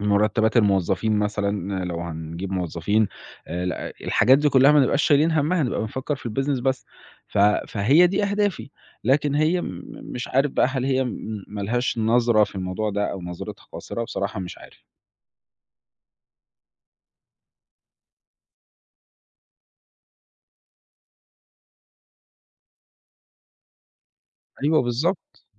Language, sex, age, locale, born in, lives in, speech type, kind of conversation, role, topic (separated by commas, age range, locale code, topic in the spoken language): Arabic, male, 20-24, ar-EG, Egypt, Italy, conversation, advice, user, إزاي أتعامل مع خلاف بيني وبين شريكي عن ميزانية البيت وطريقة الصرف؟